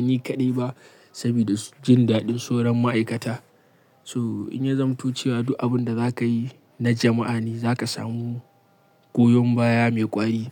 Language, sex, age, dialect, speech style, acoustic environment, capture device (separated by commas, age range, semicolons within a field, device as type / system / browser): Hausa, male, 25-34, Nigeria - Kano, happy, silence, mobile / Linux / Chrome